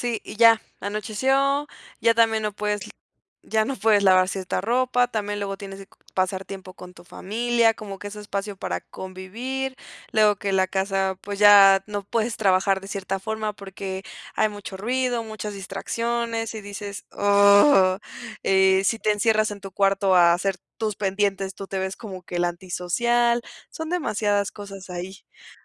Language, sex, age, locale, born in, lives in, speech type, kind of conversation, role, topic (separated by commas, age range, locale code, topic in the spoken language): Spanish, female, 20-24, es-MX, Mexico, Mexico, conversation, advice, advisor, ¿Cómo puedo ajustar mi rutina y mi autocuidado para sentirme mejor cada día?